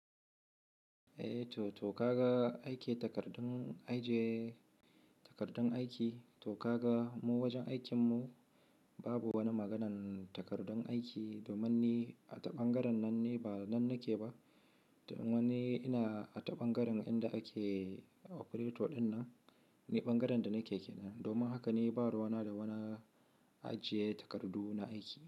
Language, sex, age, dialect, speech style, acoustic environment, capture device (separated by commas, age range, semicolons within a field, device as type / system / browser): Hausa, male, 25-34, Nigeria - Kano, relaxed, silence, mobile / Linux / Chrome